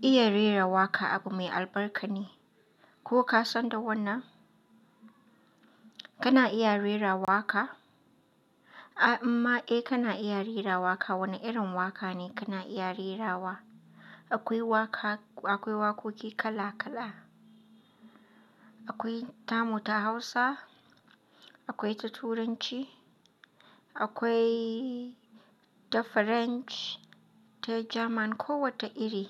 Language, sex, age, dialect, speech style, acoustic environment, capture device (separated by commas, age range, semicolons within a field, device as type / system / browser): Hausa, female, 25-34, Nigeria - Katsina, tired, nature, mobile / Linux / Chrome